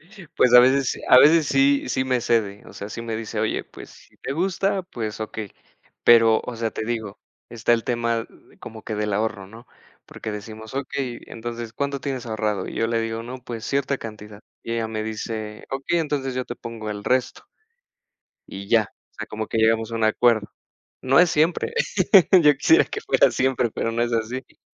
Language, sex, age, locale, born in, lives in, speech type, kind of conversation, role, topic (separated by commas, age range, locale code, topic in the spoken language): Spanish, male, 30-34, es-MX, Mexico, Mexico, conversation, unstructured, none, ¿Cómo te hace sentir ahorrar dinero cada mes?